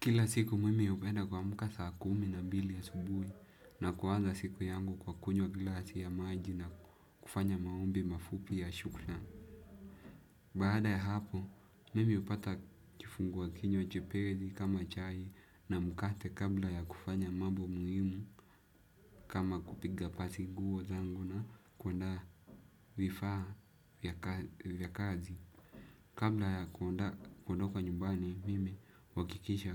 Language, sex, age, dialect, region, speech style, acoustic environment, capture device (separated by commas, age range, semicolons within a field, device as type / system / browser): Swahili, male, 18-24, Kenya - Nairobi Sheng-influenced, Kenya, focused, silence, desktop / Windows / Chrome